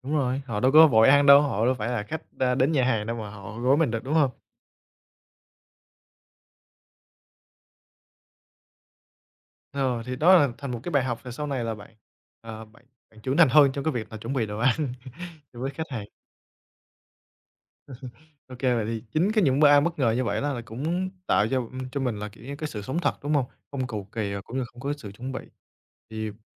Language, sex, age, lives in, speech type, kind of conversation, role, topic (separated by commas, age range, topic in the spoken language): Vietnamese, male, 25-29, Vietnam, conversation, podcast, host, Bí quyết của bạn để nấu nhanh khi có khách đến bất ngờ là gì?